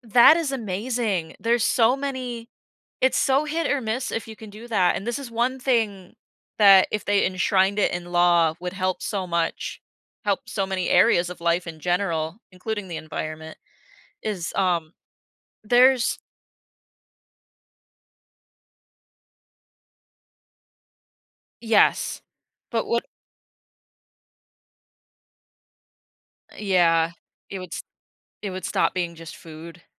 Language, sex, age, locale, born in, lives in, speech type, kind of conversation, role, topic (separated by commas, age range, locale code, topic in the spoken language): English, female, 25-29, en-US, United States, United States, conversation, podcast, guest, How can everyday habits make a real difference for the environment?